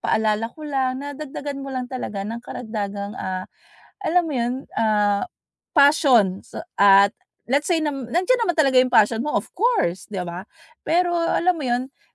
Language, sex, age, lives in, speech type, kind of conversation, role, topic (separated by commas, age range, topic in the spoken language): Filipino, female, 40-44, United States, conversation, advice, advisor, Paano ko mahahanap ang kabuluhan sa pang-araw-araw kong trabaho?